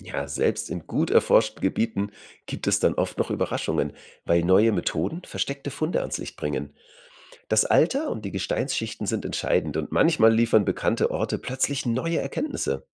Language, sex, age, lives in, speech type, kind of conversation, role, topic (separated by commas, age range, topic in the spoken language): German, male, 35-39, Germany, read, expert-assistant, expert, Ist es sinnvoll, viel Geld in die Suche nach neuen Fossilien zu investieren – was sind die Vor- und Nachteile?